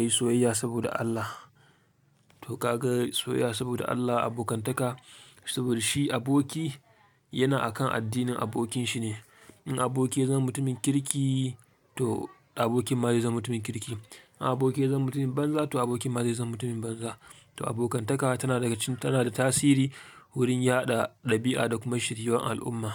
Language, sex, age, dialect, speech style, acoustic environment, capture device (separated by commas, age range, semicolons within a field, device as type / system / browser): Hausa, male, 25-34, Nigeria - Kano, excited, silence, mobile / Linux / Chrome